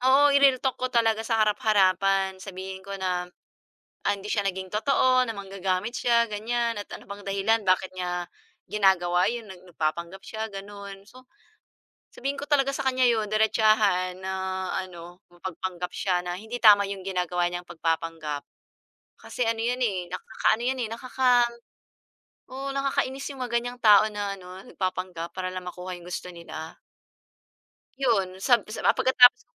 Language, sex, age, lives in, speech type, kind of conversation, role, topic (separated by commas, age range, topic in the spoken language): Filipino, female, 40-44, Philippines, conversation, unstructured, none, Ano ang palagay mo sa mga taong nagpapanggap para makuha ang gusto nila?